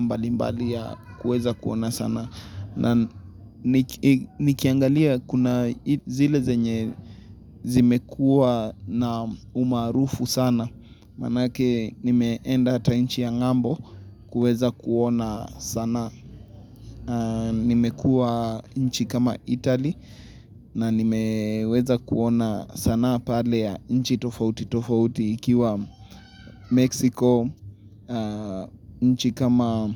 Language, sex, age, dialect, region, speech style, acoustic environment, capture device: Swahili, male, 25-34, Kenya - Nairobi Sheng-influenced, Kenya, excited; happy, silence, mobile / Linux / Chrome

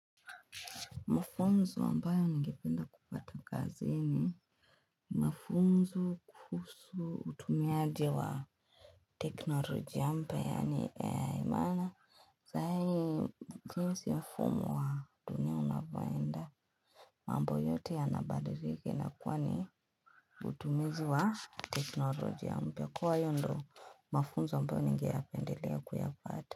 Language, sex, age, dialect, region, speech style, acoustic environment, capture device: Swahili, female, 18-24, Kenya - Nairobi Sheng-influenced, Kenya, excited, people talking, desktop / Linux / Chrome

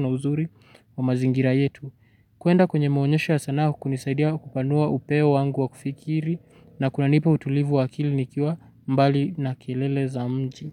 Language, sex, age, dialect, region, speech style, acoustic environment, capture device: Swahili, male, 18-24, Kenya - Nairobi Sheng-influenced, Kenya, relaxed, silence, mobile / Linux / Chrome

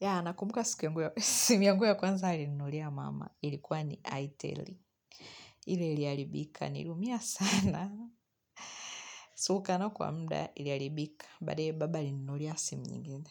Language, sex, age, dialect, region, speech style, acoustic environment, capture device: Swahili, female, 25-34, Tanzania - Dar es Salaam, Tanzania, happy; relaxed, music; nature; silence, mobile / Linux / Chrome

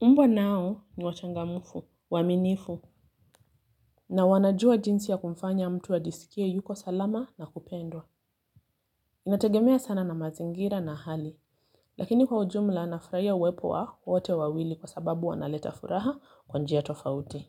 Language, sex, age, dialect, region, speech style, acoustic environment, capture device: Swahili, female, 25-34, Kenya - Mombasa, Kenya, relaxed, silence, mobile / Linux / Chrome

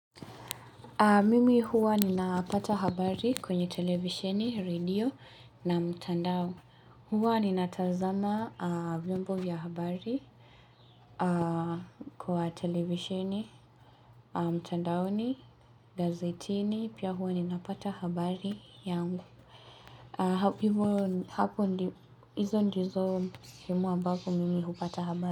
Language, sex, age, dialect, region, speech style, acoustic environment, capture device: Swahili, female, 18-24, Kenya - Mombasa, Kenya, relaxed, nature, mobile / Linux / Chrome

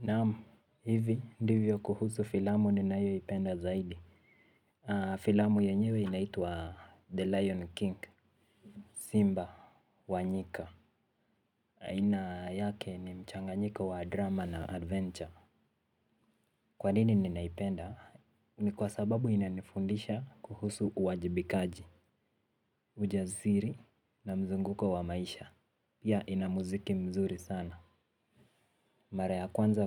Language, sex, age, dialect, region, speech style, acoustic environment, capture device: Swahili, male, 18-24, Kenya - Nairobi Sheng-influenced, Kenya, relaxed, silence, mobile / Linux / Chrome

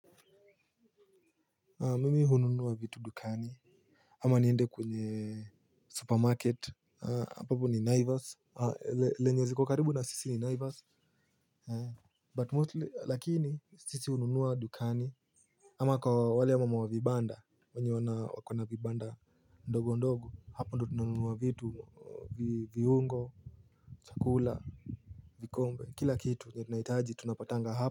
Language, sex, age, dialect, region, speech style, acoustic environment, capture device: Swahili, male, 18-24, Kenya - Nairobi Sheng-influenced, Kenya, nervous; happy, silence, mobile / Linux / Chrome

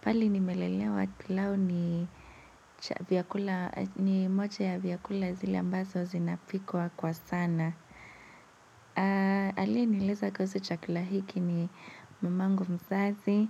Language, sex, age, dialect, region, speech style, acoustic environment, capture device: Swahili, female, 18-24, Kenya - Nairobi Sheng-influenced, Kenya, relaxed, nature, mobile / Linux / Chrome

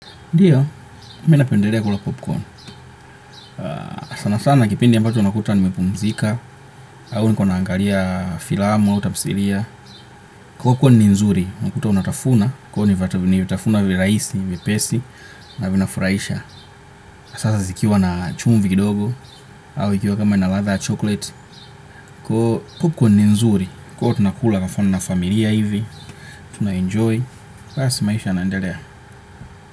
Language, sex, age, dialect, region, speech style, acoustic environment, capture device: Swahili, male, 25-34, Kenya - Nairobi Sheng-influenced, Kenya, focused, nature, desktop / Windows / Chrome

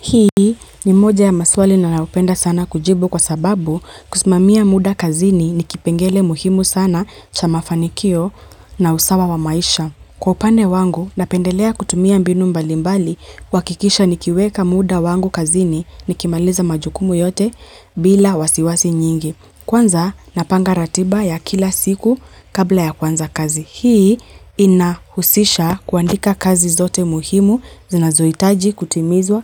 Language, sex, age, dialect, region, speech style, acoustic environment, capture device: Swahili, female, 25-34, Kenya - Nairobi Sheng-influenced, Kenya, happy, nature, mobile / Linux / Chrome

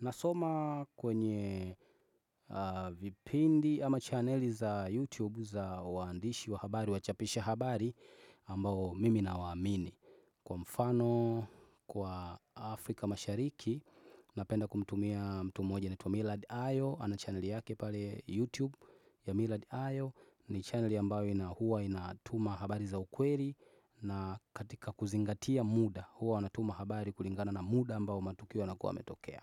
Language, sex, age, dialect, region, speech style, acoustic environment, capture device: Swahili, male, 25-34, Tanzania - Dar es Salaam, Tanzania, happy, nature, desktop / Windows / Chrome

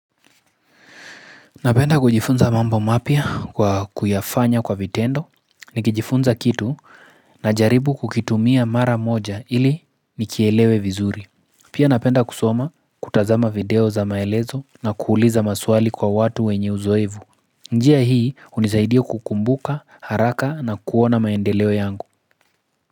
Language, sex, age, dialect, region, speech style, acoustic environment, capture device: Swahili, male, 25-34, Kenya - Nairobi Sheng-influenced, Kenya, focused, nature, mobile / Linux / Chrome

